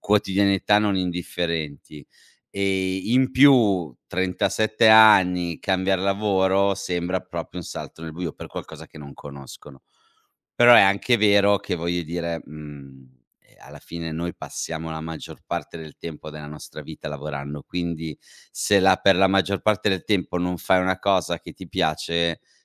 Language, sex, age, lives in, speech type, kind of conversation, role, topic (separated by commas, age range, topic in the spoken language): Italian, male, 35-39, Italy, conversation, podcast, guest, Cosa puoi fare quando la tua famiglia non approva le tue scelte?